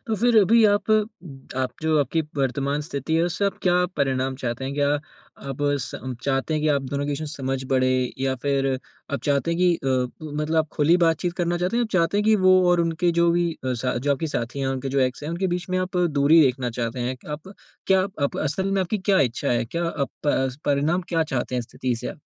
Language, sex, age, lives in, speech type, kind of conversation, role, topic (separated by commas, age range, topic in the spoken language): Hindi, male, 20-24, India, conversation, advice, advisor, क्या आपको अपने साथी के पिछले संबंध वाले व्यक्ति से उसके संपर्क के कारण जलन या असहजता महसूस होती है?